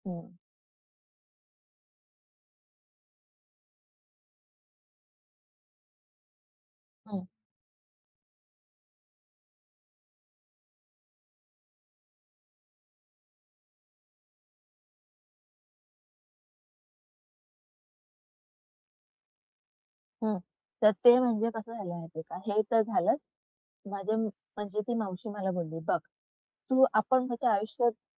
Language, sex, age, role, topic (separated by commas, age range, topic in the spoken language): Marathi, female, 25-29, guest, एकटेपणा जाणवल्यावर तुम्ही स्वतःला कसे सावरता?